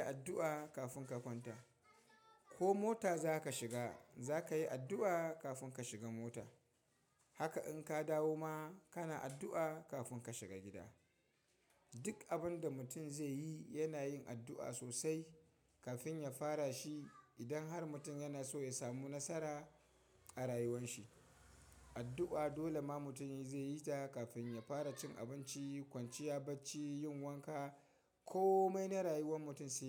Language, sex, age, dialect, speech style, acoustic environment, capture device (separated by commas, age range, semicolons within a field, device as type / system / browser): Hausa, male, 25-34, Nigeria - Kano, excited; happy, people talking; nature, mobile / Linux / Chrome